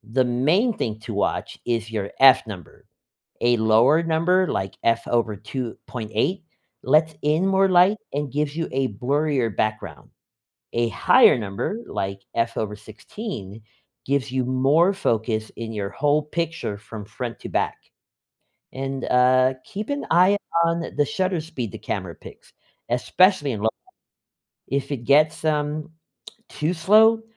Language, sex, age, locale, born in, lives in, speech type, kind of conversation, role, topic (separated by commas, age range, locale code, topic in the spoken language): English, male, 35-39, en-US, United States, United States, read, expert-assistant, expert, How do camera settings affect the quality of photos in different lighting conditions?